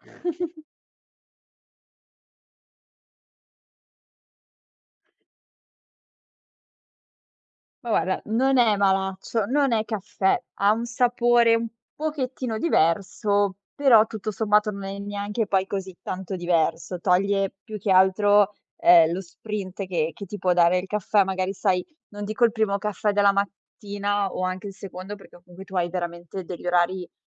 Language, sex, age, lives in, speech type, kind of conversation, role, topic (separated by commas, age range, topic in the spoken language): Italian, female, 25-29, Italy, conversation, advice, advisor, Come posso gestire l’ansia riducendo la caffeina e scegliendo alimenti che aiutano a calmarmi?